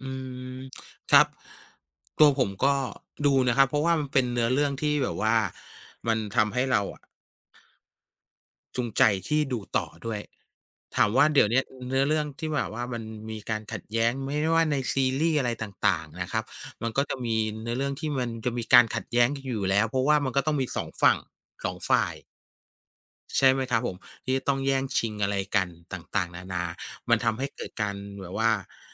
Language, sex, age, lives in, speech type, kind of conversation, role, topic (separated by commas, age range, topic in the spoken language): Thai, male, 45-49, Thailand, conversation, unstructured, none, ทำไมบางคนถึงชอบดูละครที่เต็มไปด้วยความขัดแย้ง?